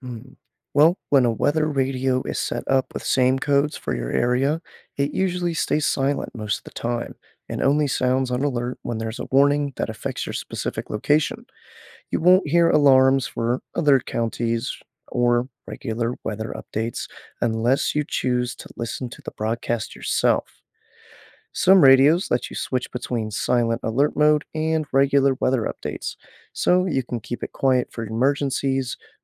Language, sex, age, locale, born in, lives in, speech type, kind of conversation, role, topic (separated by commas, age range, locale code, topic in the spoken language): English, male, 25-29, en-US, United States, United States, read, expert-assistant, expert, Why do some phones not get emergency alerts?